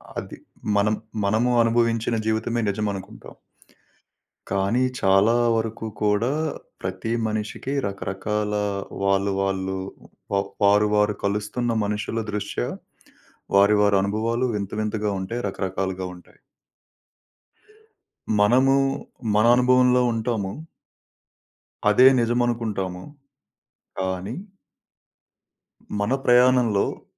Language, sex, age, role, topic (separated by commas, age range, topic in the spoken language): Telugu, male, 30-34, guest, ఏ అనుభవం మీ దృష్టికోణాన్ని మార్చింది?